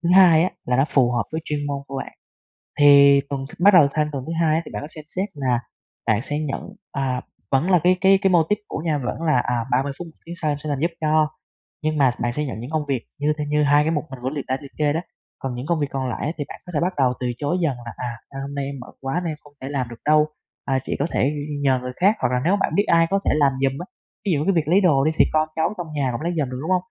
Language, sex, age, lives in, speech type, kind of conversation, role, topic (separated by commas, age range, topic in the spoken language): Vietnamese, male, 20-24, Vietnam, conversation, advice, advisor, Làm thế nào để đặt ranh giới và từ chối khéo léo mà không làm tổn thương người khác?